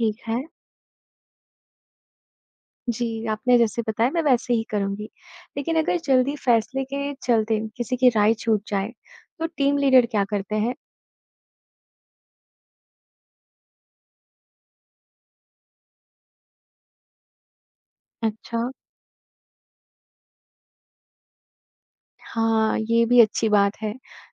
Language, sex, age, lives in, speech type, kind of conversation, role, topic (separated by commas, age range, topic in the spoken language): Hindi, male, 55-59, India, read, expert-assistant, asker, अगर मैं अंतरिक्ष अन्वेषण में अपना करियर बनाना चाहूं तो मुझे कौन-कौन से कौशल और निर्णयों पर ध्यान देना चाहिए?